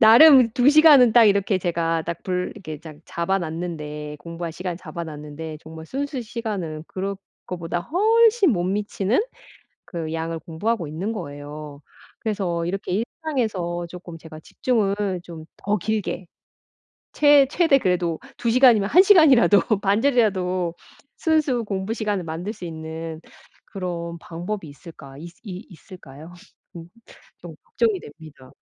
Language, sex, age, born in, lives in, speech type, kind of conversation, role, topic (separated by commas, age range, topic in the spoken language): Korean, female, 45-49, South Korea, United States, conversation, advice, user, 일상에서 집중을 더 오래 유지하려면 어떻게 해야 하나요?